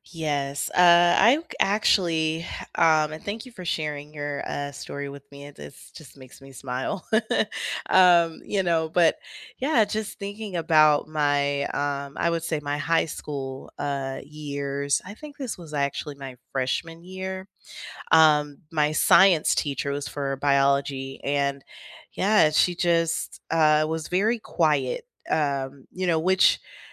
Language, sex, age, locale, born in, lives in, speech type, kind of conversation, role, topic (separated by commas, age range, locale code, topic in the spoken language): English, female, 30-34, en-US, United States, United States, conversation, unstructured, none, What makes a classroom feel welcoming and inclusive to you, and how can we create that together?